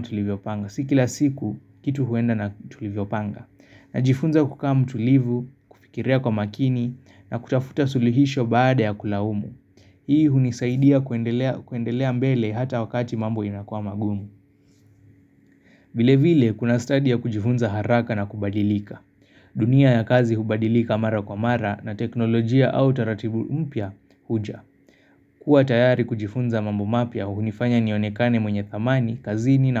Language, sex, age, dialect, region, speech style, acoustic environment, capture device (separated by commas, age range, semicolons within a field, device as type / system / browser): Swahili, male, 25-34, Kenya - Nairobi Sheng-influenced, Kenya, tired; focused; excited, construction; nature; appliances, mobile / Linux / Chrome